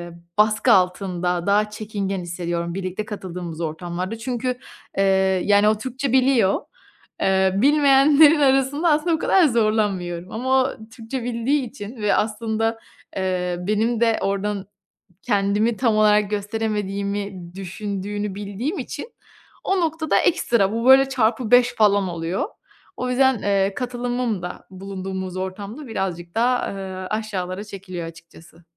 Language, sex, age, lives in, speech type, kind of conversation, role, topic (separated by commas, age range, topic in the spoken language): Turkish, female, 25-29, Italy, conversation, advice, user, Topluluk içinde çekingenliğimi nasıl aşarak daha rahat ve etkin katılım sağlayabilirim?